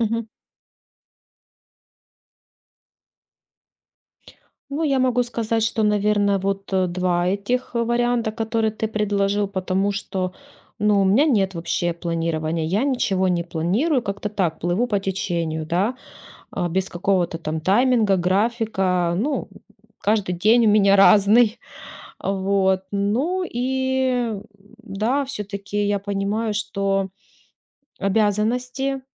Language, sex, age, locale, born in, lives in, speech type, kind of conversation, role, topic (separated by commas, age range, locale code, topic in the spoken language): Russian, female, 35-39, ru-RU, Ukraine, Spain, conversation, advice, user, Как мне сбалансировать работу и личное время в течение дня?